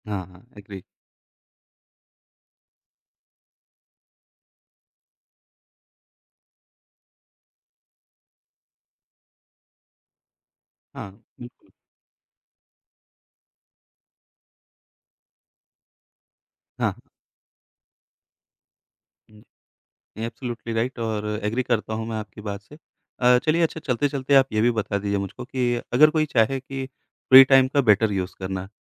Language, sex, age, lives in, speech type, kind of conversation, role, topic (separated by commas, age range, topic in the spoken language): Hindi, male, 35-39, India, conversation, podcast, host, Tum apne free time ka best use kaise decide karte ho?